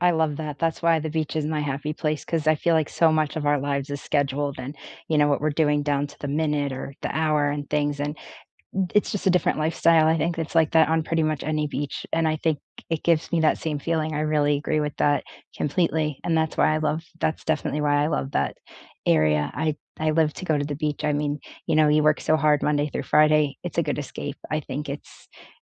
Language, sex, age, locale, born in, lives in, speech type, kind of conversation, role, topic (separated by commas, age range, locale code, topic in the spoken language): English, female, 25-29, en-US, United States, United States, conversation, unstructured, none, What are your favorite two-hour weekend getaways near where you live, and what memories do you associate with them?